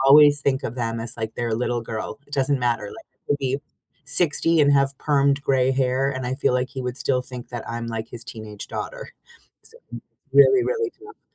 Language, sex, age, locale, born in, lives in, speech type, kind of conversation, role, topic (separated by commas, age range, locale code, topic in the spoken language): English, female, 45-49, en-US, United States, United States, conversation, unstructured, none, Have you ever had to put your dreams on hold, and how did that feel?